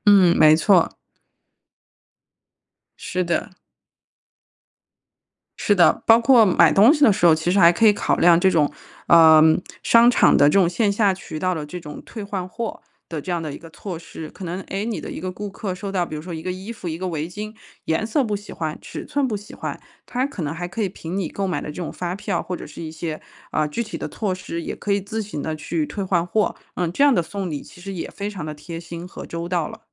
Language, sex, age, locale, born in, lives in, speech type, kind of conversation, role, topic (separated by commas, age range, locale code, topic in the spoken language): Chinese, female, 35-39, zh-CN, China, United States, conversation, advice, advisor, 面对太多购物选择时，我该怎么做才能更容易决定买什么？